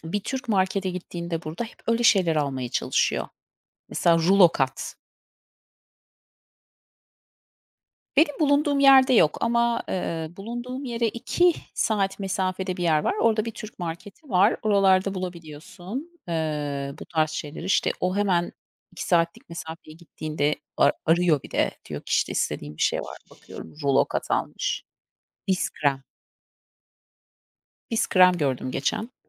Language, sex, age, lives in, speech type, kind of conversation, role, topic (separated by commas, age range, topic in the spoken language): Turkish, female, 40-44, United States, conversation, unstructured, none, Hangi atıştırmalıklar size ailenizle geçirdiğiniz zamanları hatırlatıyor?